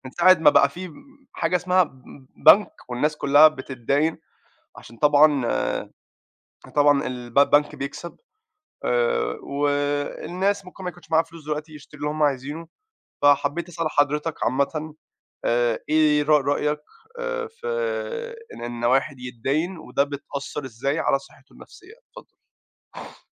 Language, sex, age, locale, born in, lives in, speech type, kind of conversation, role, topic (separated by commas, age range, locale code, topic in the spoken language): Arabic, male, 20-24, ar-EG, Egypt, United States, conversation, unstructured, none, إزاي الديون ممكن تأثر على صحتك النفسية؟